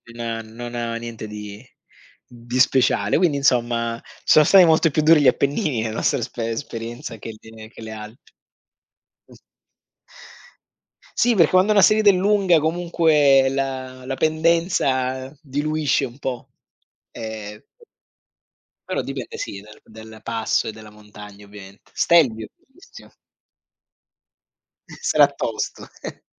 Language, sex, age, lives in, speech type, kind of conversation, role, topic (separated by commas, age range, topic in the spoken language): Italian, male, 40-44, Germany, conversation, unstructured, none, Come affronti il cambiamento per trarne il massimo beneficio?